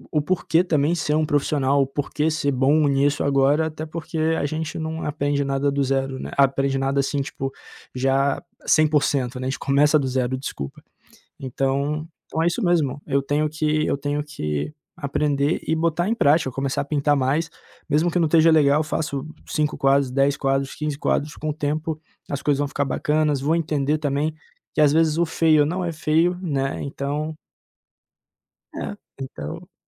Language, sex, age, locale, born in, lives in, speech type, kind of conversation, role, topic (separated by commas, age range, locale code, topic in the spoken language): Portuguese, male, 25-29, pt-BR, Brazil, Portugal, conversation, advice, user, Como posso superar a relutância de começar um novo hobby por medo de não ser bom?